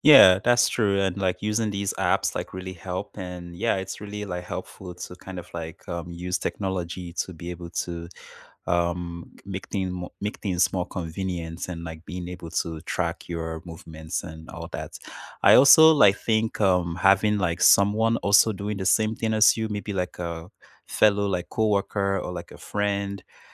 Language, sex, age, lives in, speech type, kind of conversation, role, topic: English, male, 25-29, Canada, conversation, unstructured, none, How do you fit short movement breaks into your busy schedule, and how can we encourage each other?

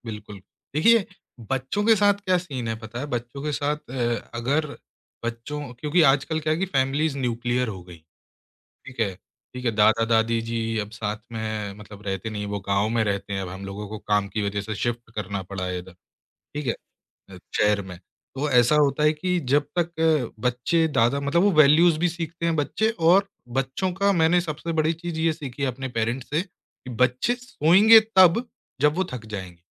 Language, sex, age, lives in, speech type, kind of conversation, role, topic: Hindi, male, 25-29, India, conversation, podcast, guest, Raat mein kaunsi aadatein apni neend ko behtar banati hain?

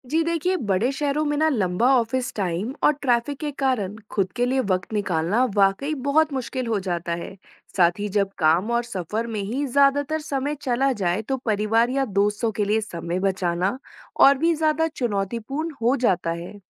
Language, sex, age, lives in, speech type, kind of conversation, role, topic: Hindi, female, 25-29, India, read, expert-assistant, expert, शहरी जीवन में काम और निजी जीवन का संतुलन कैसे बनाएं?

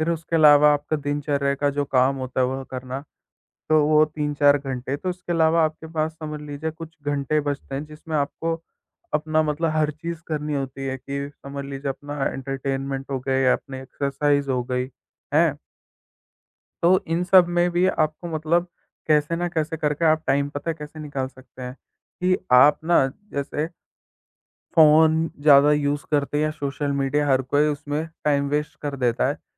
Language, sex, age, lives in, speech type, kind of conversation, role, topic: Hindi, male, 18-19, India, conversation, podcast, guest, Busy life mein time kaise nikala jaa sakta hai?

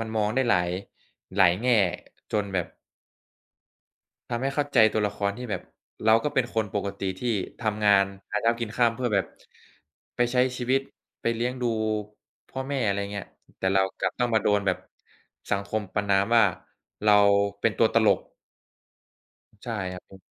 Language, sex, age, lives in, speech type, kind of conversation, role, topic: Thai, male, 20-24, Thailand, conversation, unstructured, none, ภาพยนตร์เรื่องไหนที่เปลี่ยนมุมมองต่อชีวิตของคุณมากที่สุด?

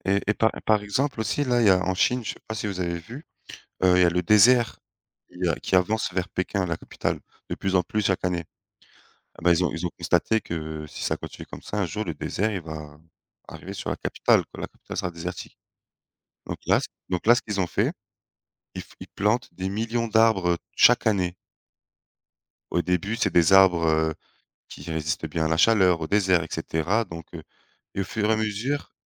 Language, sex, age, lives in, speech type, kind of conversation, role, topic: French, male, 30-34, France, conversation, unstructured, none, Qu’est-ce que la perte de biodiversité t’inspire ?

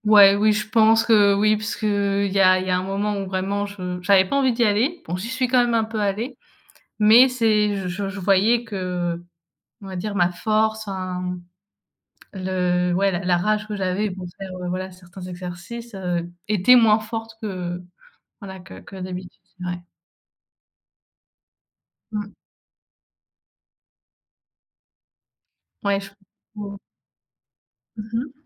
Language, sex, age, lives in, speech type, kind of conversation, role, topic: French, female, 20-24, France, conversation, unstructured, none, Quels bienfaits ressens-tu après une séance de sport ?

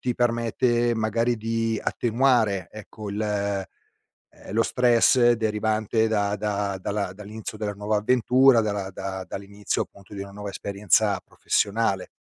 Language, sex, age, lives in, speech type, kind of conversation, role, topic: Italian, male, 50-54, Italy, conversation, advice, advisor, Come stai affrontando un cambiamento drastico nella tua routine quotidiana e come stai gestendo lo stress?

